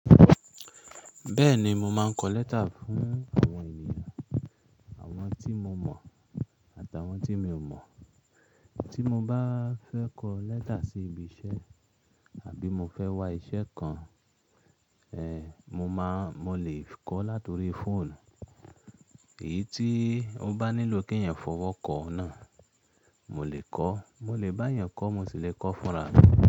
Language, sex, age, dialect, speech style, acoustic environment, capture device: Yoruba, female, 25-34, Nigeria - Ibadan, relaxed, silence; nature, mobile / Linux / Chrome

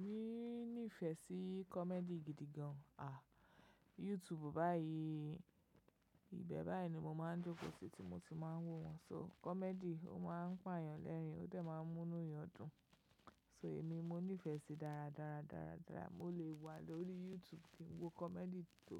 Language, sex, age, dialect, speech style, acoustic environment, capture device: Yoruba, female, 25-34, Nigeria - Lagos Yoruba, relaxed, nature, mobile / macOS / Safari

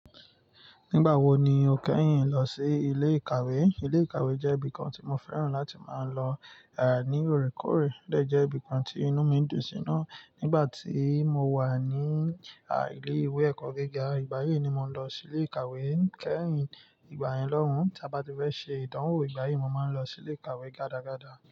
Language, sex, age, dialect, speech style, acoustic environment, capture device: Yoruba, male, 25-34, Nigeria - Nigerian Standard, focused, nature, mobile / Linux / Chrome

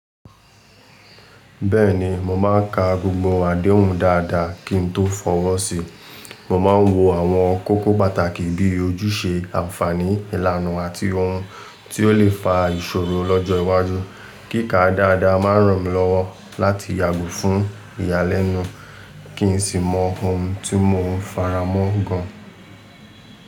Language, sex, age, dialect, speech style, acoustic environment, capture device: Yoruba, male, 18-24, Nigeria - Lagos Yoruba, relaxed, traffic, mobile / Linux / Chrome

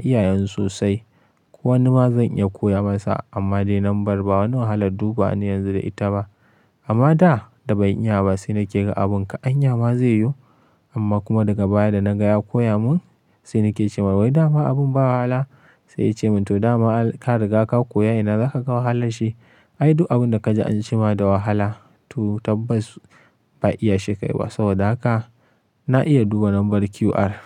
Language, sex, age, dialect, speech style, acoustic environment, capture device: Hausa, male, 25-34, Nigeria - Kano, happy, nature, mobile / Linux / Chrome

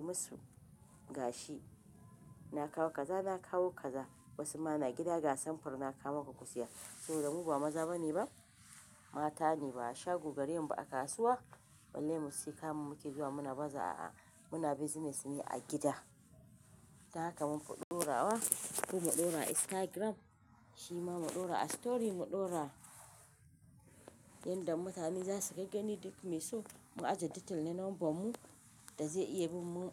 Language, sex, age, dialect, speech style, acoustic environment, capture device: Hausa, female, 25-34, Nigeria - Kano, relaxed, nature, mobile / Linux / Chrome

